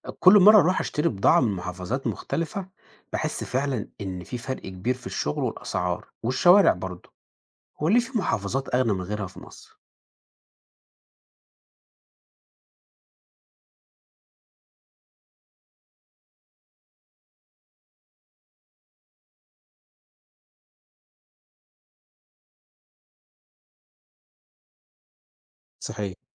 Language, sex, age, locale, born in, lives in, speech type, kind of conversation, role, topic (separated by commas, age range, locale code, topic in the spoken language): Arabic, male, 30-34, ar-EG, Egypt, Portugal, read, expert-assistant, asker, ليه في محافظات بتتقدم أسرع من غيرها في مصر؟